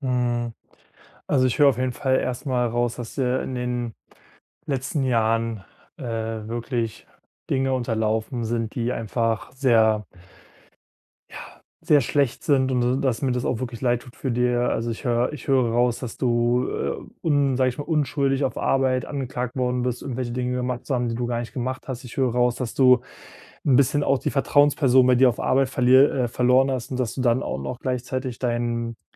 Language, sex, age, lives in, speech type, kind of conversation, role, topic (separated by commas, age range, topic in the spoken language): German, male, 25-29, Germany, conversation, advice, advisor, Wie kann ich mein Selbstwertgefühl stärken, damit ich in meiner Beziehung weniger eifersüchtig bin?